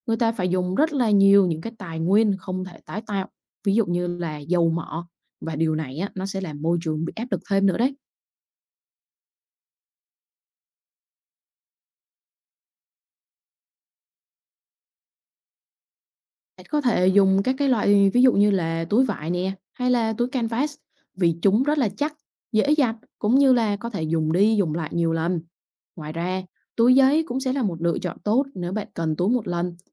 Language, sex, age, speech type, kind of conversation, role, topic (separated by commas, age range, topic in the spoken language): Vietnamese, female, 25-29, read, expert-assistant, expert, Vì sao nên hạn chế sử dụng túi nilon trong mua sắm?